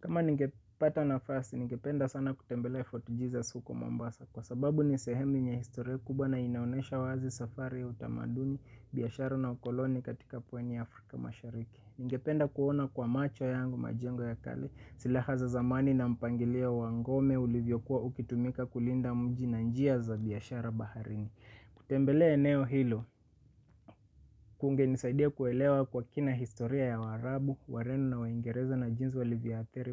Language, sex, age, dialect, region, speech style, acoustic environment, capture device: Swahili, male, 25-34, Kenya - Mombasa, Kenya, happy, silence, mobile / Linux / Chrome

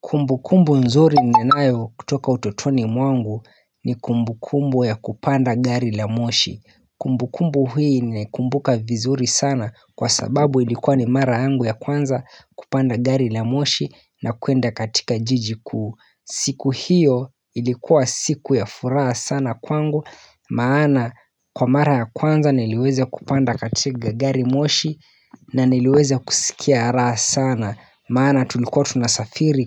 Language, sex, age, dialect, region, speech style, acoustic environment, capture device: Swahili, male, 25-34, Kenya - Mombasa, Kenya, happy; focused, nature; silence, mobile / Linux / Chrome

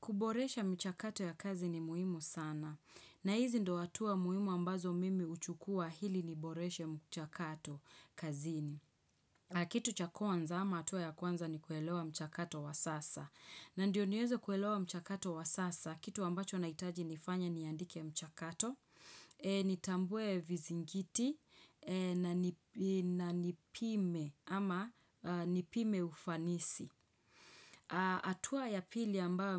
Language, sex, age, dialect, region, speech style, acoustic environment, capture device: Swahili, female, 25-34, Kenya - Nairobi Sheng-influenced, Kenya, happy, nature, desktop / Windows / Chrome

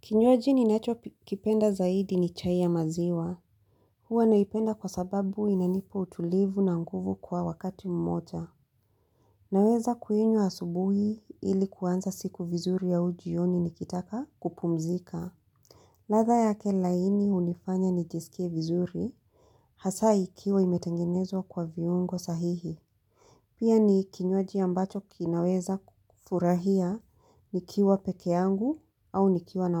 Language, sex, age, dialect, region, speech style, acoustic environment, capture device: Swahili, female, 35-44, Kenya - Mombasa, Kenya, happy, silence, mobile / Linux / Chrome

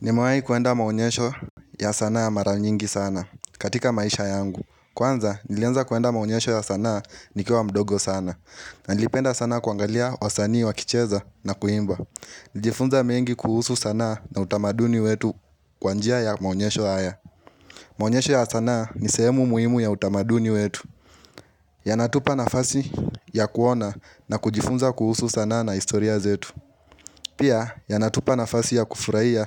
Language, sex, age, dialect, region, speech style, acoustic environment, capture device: Swahili, male, 18-24, Kenya - Nairobi Sheng-influenced, Kenya, happy; relaxed; focused; excited, nature, mobile / Linux / Chrome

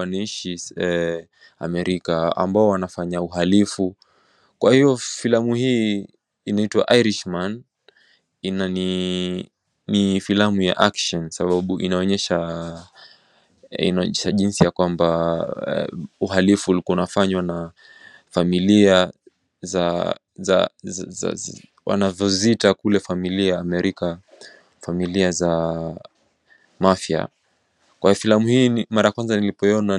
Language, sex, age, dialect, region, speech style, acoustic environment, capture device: Swahili, male, 18-24, Kenya - Mombasa, Kenya, relaxed, silence, mobile / macOS / Safari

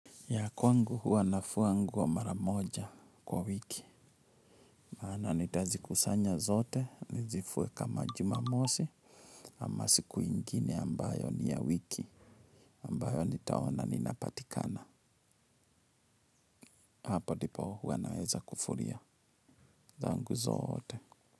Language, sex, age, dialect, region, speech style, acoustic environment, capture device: Swahili, male, 35-44, Kenya - Kiambu, Kenya, focused, nature, mobile / Linux / Chrome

